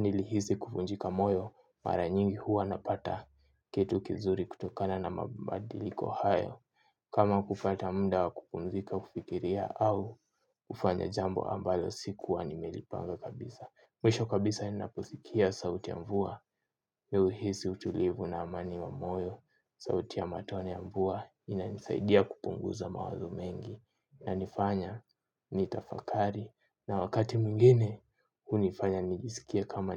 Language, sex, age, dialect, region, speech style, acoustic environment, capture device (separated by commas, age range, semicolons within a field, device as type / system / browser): Swahili, male, 18-24, Kenya - Nairobi Sheng-influenced, Kenya, stressed; focused, silence, mobile / Linux / Chrome